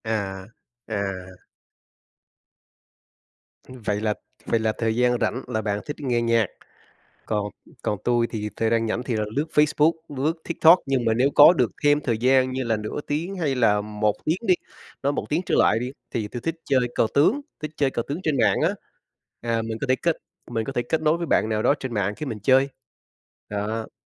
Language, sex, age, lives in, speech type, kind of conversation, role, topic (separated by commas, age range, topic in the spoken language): Vietnamese, male, 40-44, United States, conversation, unstructured, none, Bạn thường dành thời gian rảnh để làm gì?